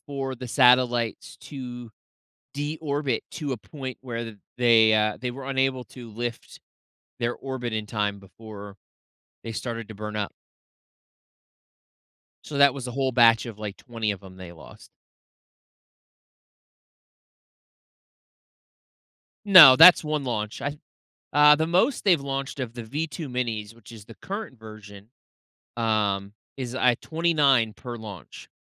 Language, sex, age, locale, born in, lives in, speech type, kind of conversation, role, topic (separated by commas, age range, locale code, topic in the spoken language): English, male, 30-34, en-US, United States, United States, conversation, podcast, guest, How has space exploration shaped our world and inspired progress?